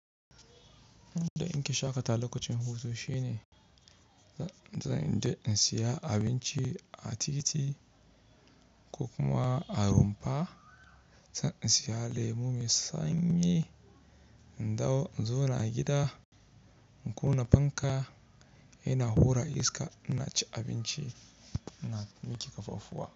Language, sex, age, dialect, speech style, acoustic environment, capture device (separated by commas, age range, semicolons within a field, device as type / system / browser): Hausa, male, 25-34, Nigeria - Kano, relaxed, nature; people talking, mobile / Linux / Chrome